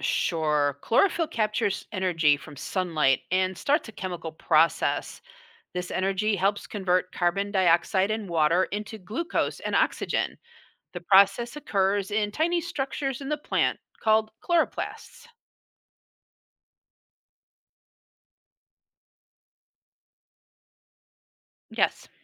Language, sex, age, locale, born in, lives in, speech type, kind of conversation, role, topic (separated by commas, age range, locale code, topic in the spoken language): English, female, 60-64, en-US, United States, United States, read, expert-assistant, expert, What does chlorophyll do in photosynthesis?